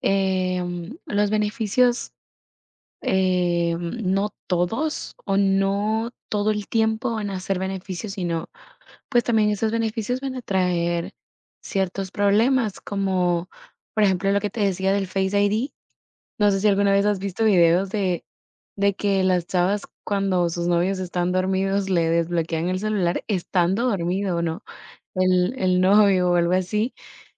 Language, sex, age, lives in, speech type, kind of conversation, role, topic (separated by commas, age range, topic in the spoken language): Spanish, female, 18-19, United States, conversation, unstructured, none, ¿Te ha sorprendido alguna vez lo rápido que avanza la tecnología?